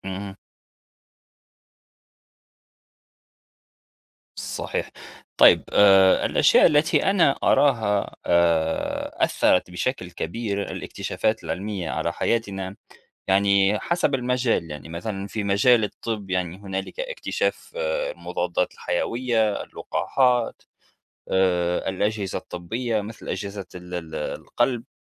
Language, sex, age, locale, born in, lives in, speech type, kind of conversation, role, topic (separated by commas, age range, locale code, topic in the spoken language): Arabic, male, 30-34, ar-TN, Tunisia, United States, conversation, unstructured, none, إزاي الاكتشافات العلمية بتأثر على حياتنا اليومية؟